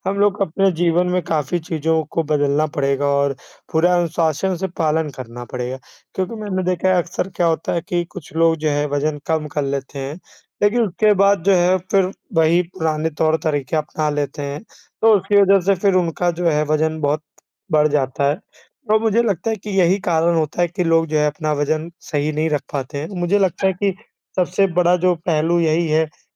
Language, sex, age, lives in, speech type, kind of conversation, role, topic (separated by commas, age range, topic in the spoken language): Hindi, male, 25-29, India, conversation, unstructured, none, स्वस्थ वजन बनाए रखने के लिए सबसे ज़रूरी क्या है?